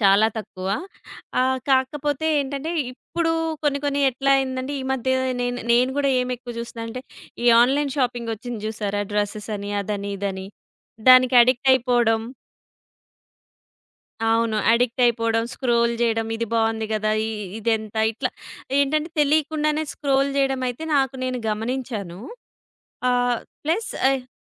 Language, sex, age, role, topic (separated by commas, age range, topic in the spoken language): Telugu, female, 30-34, guest, స్క్రీన్ టైమ్ తగ్గించాలంటే మీరు మొదటగా ఏ మార్పు చేసుకుంటారు?